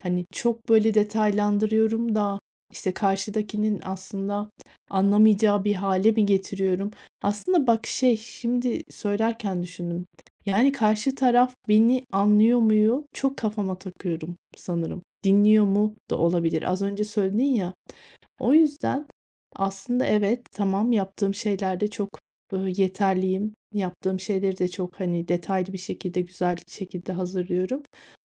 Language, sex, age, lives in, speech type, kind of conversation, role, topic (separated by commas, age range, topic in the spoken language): Turkish, female, 40-44, Spain, conversation, advice, user, Topluluk önünde konuşurken özgüvenimi nasıl artırabilirim?